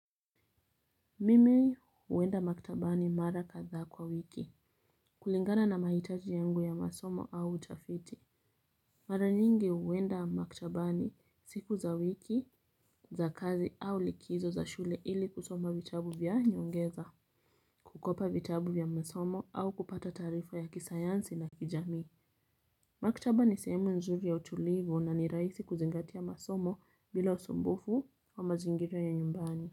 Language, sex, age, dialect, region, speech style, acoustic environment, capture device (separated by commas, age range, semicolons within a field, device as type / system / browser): Swahili, female, 25-34, Kenya - Mombasa, Kenya, relaxed, silence, mobile / Linux / Chrome